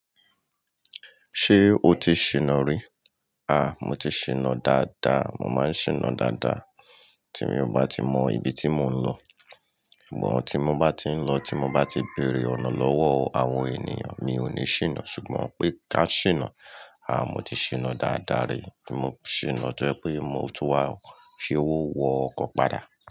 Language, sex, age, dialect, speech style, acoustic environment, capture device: Yoruba, male, 45-54, Nigeria - Lagos Yoruba, happy, people talking, mobile / Linux / Chrome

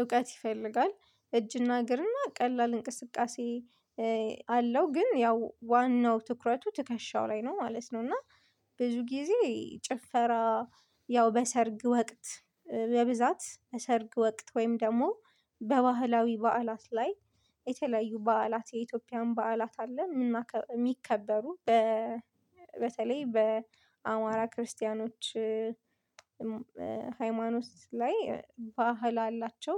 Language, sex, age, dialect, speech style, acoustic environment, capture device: Amharic, female, 25-34, Ethiopia - Addis Ababa, focused, silence, mobile / Linux / Chrome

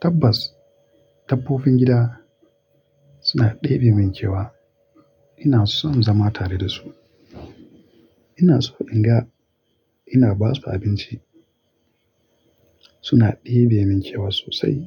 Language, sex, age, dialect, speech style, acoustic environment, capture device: Hausa, male, 25-34, Nigeria - Kano, relaxed; focused, nature; appliances, mobile / Linux / Chrome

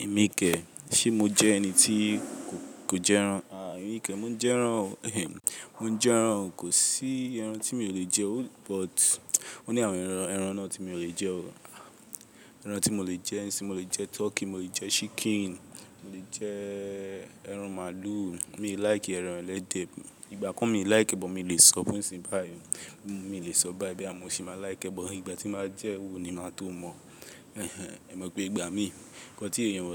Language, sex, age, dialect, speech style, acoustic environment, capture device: Yoruba, male, 18-24, Nigeria - Lagos, focused, people talking; construction; appliances, mobile / Linux / Chrome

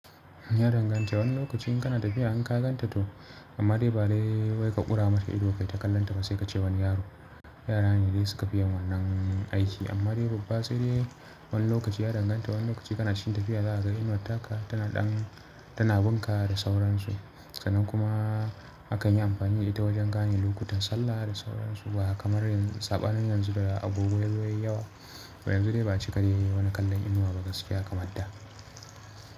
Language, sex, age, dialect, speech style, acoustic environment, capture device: Hausa, male, 25-34, Nigeria - Kano, relaxed, people talking, mobile / Linux / Chrome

